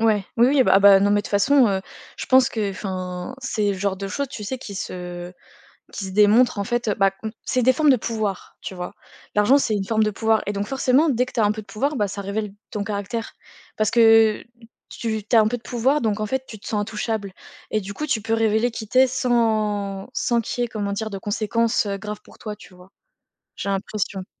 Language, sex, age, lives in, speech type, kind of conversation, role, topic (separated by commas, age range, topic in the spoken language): French, female, 20-24, France, conversation, unstructured, none, Pensez-vous que l’argent rend vraiment heureux ?